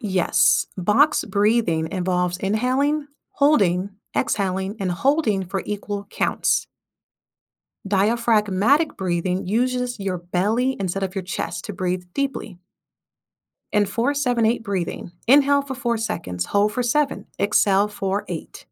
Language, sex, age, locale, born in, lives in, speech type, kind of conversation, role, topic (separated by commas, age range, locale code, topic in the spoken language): English, female, 30-34, en-US, United States, United States, read, expert-assistant, expert, How can someone overcome stage fright?